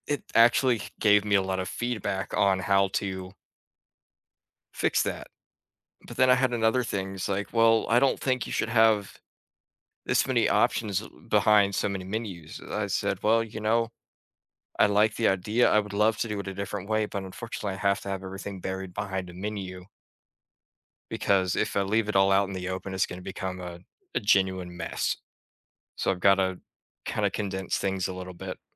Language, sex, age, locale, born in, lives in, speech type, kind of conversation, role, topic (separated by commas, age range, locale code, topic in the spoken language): English, male, 20-24, en-US, United States, United States, conversation, unstructured, none, Why do some people refuse to compromise in a disagreement?